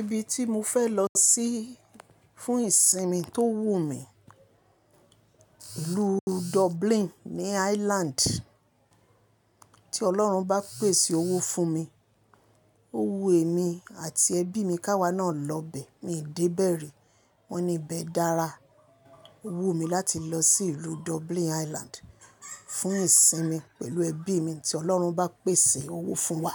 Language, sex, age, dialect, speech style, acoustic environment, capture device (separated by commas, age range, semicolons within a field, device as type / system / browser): Yoruba, female, 25-34, Nigeria - Lagos Yoruba, relaxed; happy, silence, mobile / Linux / Chrome